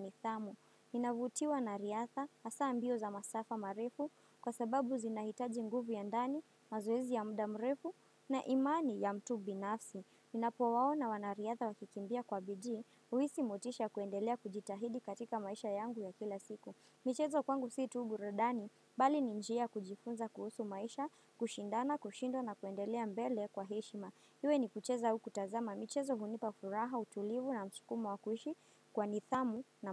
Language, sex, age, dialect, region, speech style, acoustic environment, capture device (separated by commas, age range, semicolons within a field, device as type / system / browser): Swahili, female, 18-24, Kenya - Mombasa, Kenya, happy, silence, desktop / Windows / Firefox